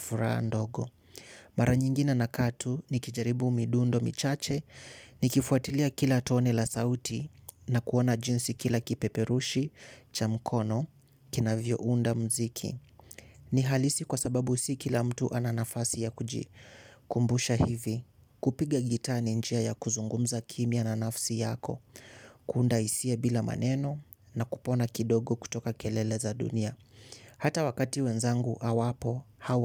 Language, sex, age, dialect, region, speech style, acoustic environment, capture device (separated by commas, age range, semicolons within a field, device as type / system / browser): Swahili, male, 18-24, Kenya - Mombasa, Kenya, focused; excited; relaxed, silence, mobile / Linux / Chrome